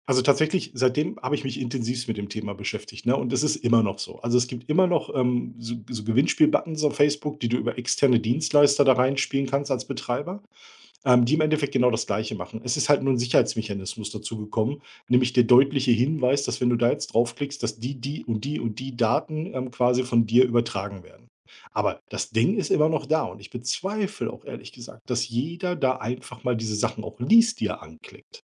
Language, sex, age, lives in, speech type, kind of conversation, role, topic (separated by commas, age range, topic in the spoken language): German, male, 45-49, Germany, conversation, podcast, guest, Wie schützt du deine persönlichen Daten online?